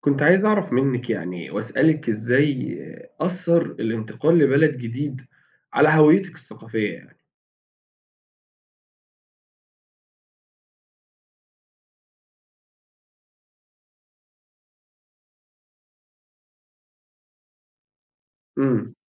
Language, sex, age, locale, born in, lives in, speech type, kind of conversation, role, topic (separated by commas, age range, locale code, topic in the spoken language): Arabic, male, 30-34, ar-EG, Saudi Arabia, Egypt, conversation, podcast, host, إزاي أثّر انتقالك لبلد جديد على هويتك الثقافية؟